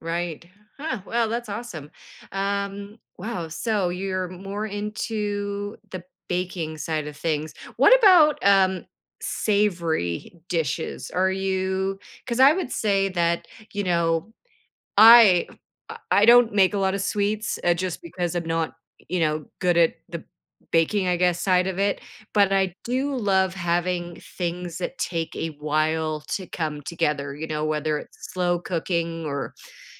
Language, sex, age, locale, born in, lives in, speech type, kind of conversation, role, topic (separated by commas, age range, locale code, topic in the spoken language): English, female, 50-54, en-CA, Canada, United States, conversation, podcast, host, Why do so many people find joy and satisfaction in cooking?